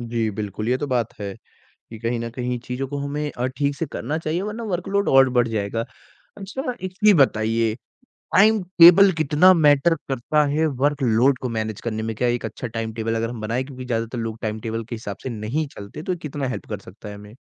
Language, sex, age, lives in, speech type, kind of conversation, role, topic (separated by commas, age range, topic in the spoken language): Hindi, male, 20-24, India, conversation, podcast, host, Overload kam karne ke liye tumne kaunsi simple habit apnayi?